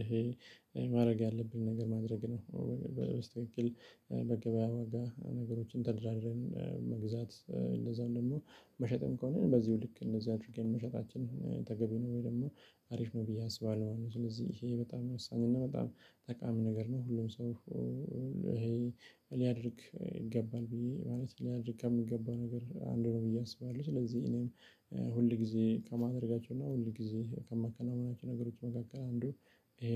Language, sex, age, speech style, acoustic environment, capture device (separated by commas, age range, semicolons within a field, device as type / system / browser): Amharic, male, 25-34, happy; relaxed; excited; focused, silence, mobile / Linux / Chrome